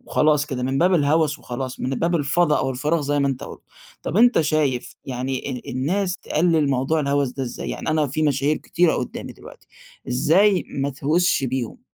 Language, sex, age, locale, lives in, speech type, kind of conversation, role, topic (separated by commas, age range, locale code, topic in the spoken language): Arabic, male, 20-24, ar-EG, Egypt, conversation, podcast, host, ليه الناس بتتهوس بالمشاهير؟